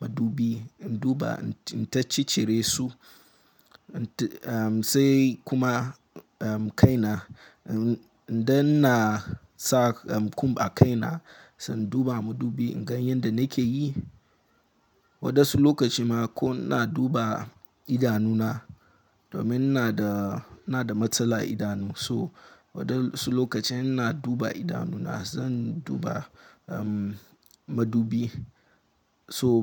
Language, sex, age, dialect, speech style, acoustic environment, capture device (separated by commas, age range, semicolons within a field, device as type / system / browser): Hausa, male, 18-24, Nigeria - Nigerian Standard, focused, silence, mobile / Linux / Chrome